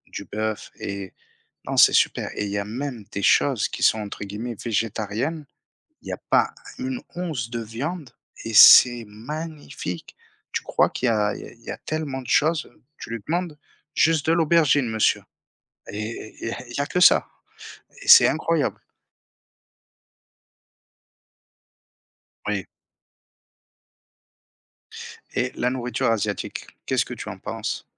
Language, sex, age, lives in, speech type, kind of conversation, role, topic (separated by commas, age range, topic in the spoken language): French, male, 30-34, France, conversation, unstructured, none, Quels sont vos plats préférés et pourquoi les aimez-vous tant ?